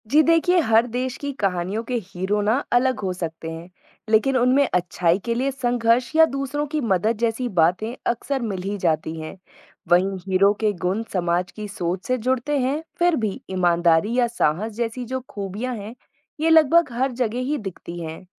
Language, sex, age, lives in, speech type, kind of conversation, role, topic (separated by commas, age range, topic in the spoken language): Hindi, female, 25-29, India, read, expert-assistant, expert, क्या विभिन्न देशों की कहानियों के हीरो एक जैसे होते हैं?